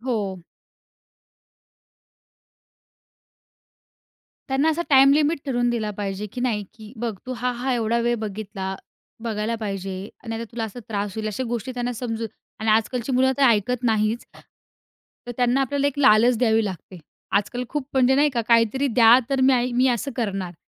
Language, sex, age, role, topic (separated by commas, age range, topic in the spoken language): Marathi, female, 20-24, guest, घरातील मुलांनी स्क्रीनचा वापर करताना तुम्ही कोणता सल्ला द्याल?